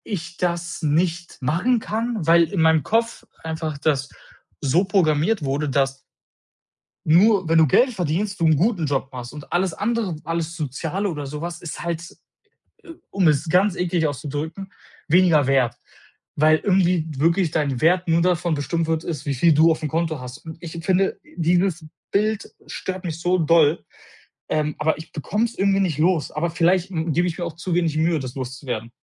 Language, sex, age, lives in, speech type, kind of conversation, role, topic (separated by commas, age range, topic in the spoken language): German, male, 25-29, Germany, conversation, advice, user, Warum habe ich das Gefühl, nichts Sinnvolles zur Welt beizutragen?